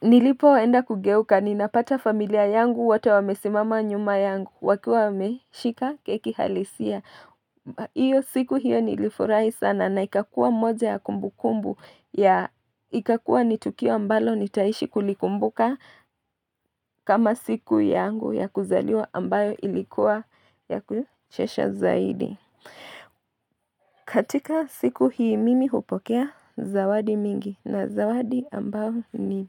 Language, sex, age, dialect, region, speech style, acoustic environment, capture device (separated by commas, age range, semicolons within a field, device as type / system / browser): Swahili, female, 25-34, Kenya - Nairobi Sheng-influenced, Kenya, anxious, nature, mobile / Linux / Chrome